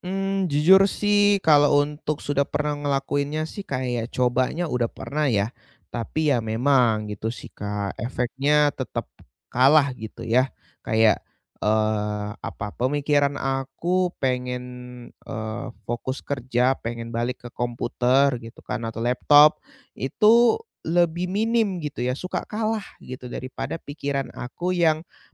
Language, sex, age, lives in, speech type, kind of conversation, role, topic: Indonesian, male, 20-24, Indonesia, conversation, advice, user, Bagaimana cara agar saya bisa tetap fokus bekerja tanpa sering terdistraksi oleh media sosial?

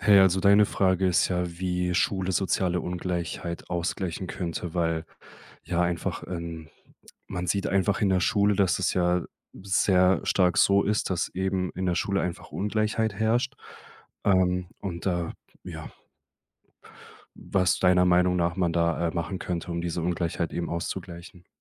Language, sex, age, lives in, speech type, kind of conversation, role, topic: German, male, 25-29, Germany, conversation, podcast, host, Wie kann Schule soziale Ungleichheit ausgleichen?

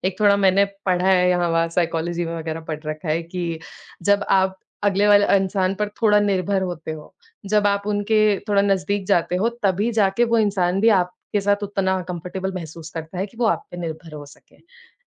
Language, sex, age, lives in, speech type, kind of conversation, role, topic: Hindi, female, 20-24, India, conversation, advice, user, मुझे अन्य लोगों के साथ भावनात्मक सीमाएँ बनाए रखने में कठिनाई क्यों होती है?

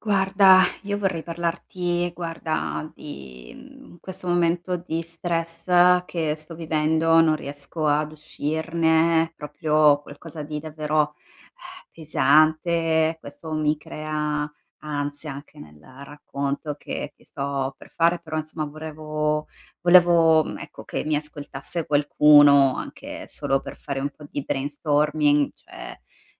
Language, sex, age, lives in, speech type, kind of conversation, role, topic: Italian, female, 35-39, Italy, conversation, advice, user, Come posso rilassare corpo e mente quando sono molto stressato?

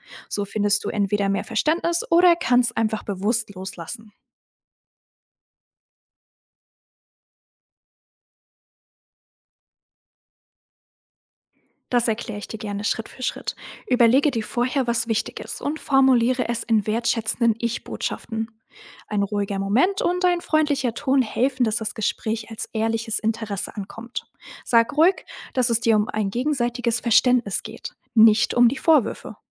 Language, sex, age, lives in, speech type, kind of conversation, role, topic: German, female, 18-19, Germany, read, expert-assistant, expert, Wie kann ich gezielt Zeit einplanen, um Freundschaften im Alltag lebendig zu halten?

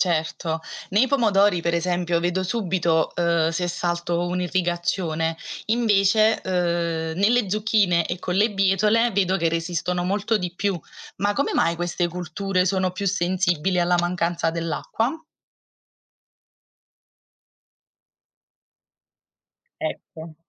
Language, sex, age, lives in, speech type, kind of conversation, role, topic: Italian, female, 30-34, Italy, read, expert-assistant, asker, Cosa dovrei imparare per rendere il mio orto più produttivo e sostenibile?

